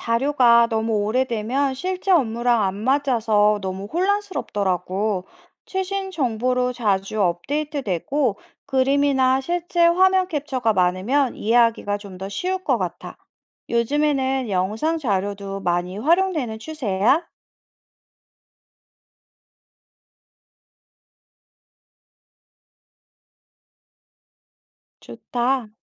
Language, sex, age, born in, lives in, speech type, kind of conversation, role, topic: Korean, female, 40-44, South Korea, France, read, expert-assistant, asker, 병원에서 환자 경험을 더 좋게 만들기 위해 행정 업무를 좀 더 효율적으로 할 수 있는 방법이 있을까요?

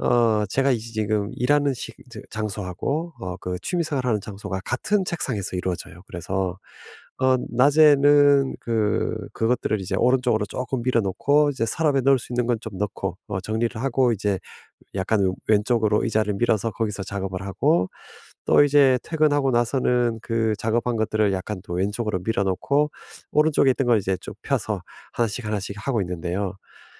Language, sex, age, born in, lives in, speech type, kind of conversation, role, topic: Korean, male, 50-54, South Korea, United States, conversation, advice, user, 방해 요소를 차단하고 작업 환경을 정리해 집중 시간을 늘릴 수 있을까요?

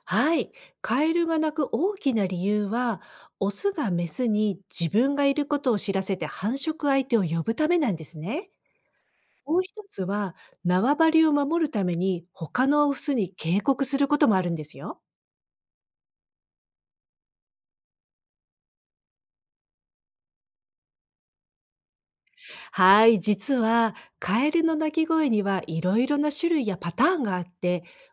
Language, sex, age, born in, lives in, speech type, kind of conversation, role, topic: Japanese, female, 55-59, Japan, United States, read, expert-assistant, expert, どうしてカエルは鳴くのか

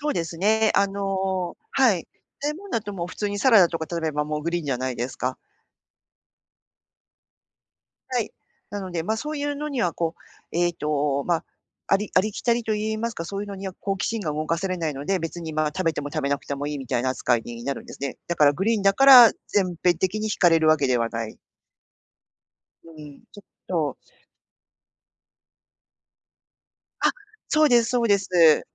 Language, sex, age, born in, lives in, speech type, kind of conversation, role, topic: Japanese, female, 50-54, Japan, Japan, conversation, advice, user, 外食のとき、健康的な食事はどう選べばいいですか？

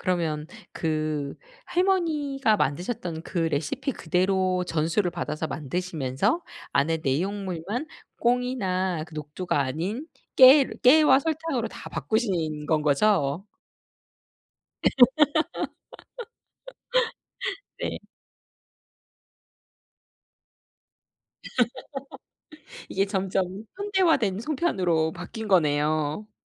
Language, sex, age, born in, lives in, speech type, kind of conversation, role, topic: Korean, female, 40-44, South Korea, South Korea, conversation, podcast, host, 할머니·할아버지의 손맛이 떠오르는 음식이 있나요?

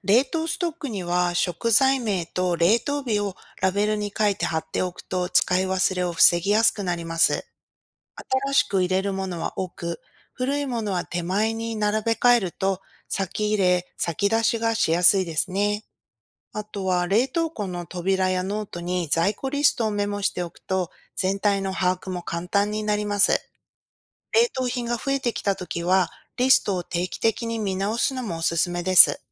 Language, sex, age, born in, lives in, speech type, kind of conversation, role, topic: Japanese, female, 40-44, Japan, United States, read, expert-assistant, expert, 食材の無駄を減らし、賢く保存・使い切るにはどうすればいいですか？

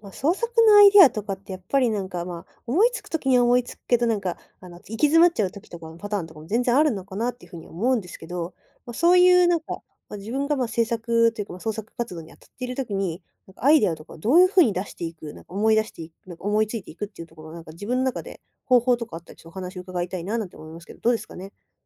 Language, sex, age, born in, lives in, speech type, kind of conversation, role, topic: Japanese, female, 20-24, Japan, Japan, conversation, podcast, host, 創作のアイデアはどうやって思いつきますか？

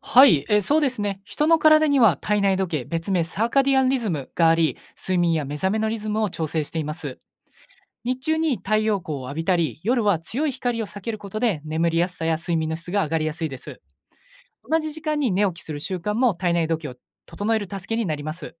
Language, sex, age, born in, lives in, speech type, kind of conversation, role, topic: Japanese, male, 20-24, Japan, Japan, read, expert-assistant, expert, 毎日の睡眠の質を上げるために、体の仕組みをどう使えるでしょうか？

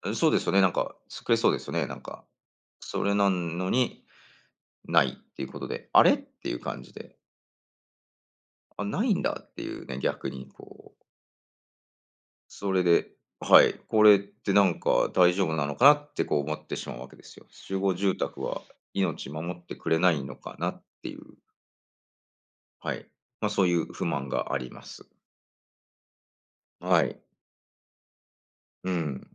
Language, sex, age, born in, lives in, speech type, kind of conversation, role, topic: Japanese, male, 45-49, Japan, United States, conversation, unstructured, none, 災害時の対応で不満に感じたことはありますか？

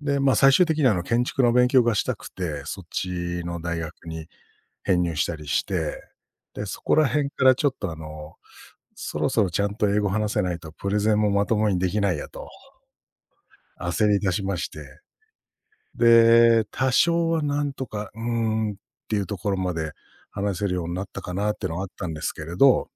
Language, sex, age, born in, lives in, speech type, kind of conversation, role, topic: Japanese, male, 45-49, Japan, Japan, conversation, unstructured, none, 失敗から何を学びましたか？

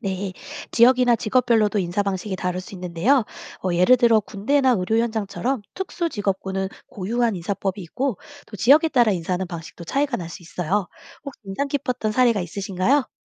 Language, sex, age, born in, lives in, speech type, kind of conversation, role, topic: Korean, female, 40-44, South Korea, United States, read, expert-assistant, expert, 왜 서로 다른 문화권에서는 인사하는 방식이 다양하게 발전했을까요?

